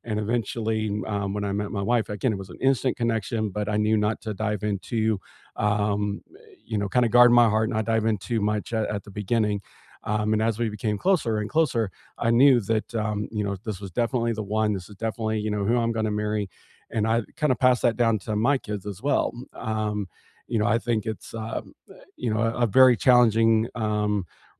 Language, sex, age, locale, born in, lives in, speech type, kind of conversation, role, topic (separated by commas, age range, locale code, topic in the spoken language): English, male, 40-44, en-US, United States, United States, conversation, unstructured, none, How do cultural rules and changing social norms shape your romantic relationships?